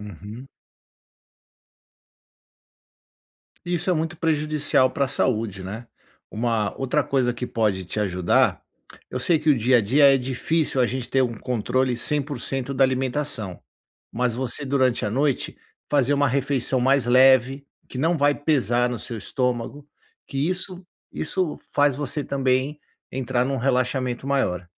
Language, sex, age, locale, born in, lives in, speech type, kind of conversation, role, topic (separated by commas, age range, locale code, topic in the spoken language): Portuguese, male, 50-54, pt-BR, Brazil, United States, conversation, advice, advisor, Como posso relaxar ouvindo música quando a minha mente não para?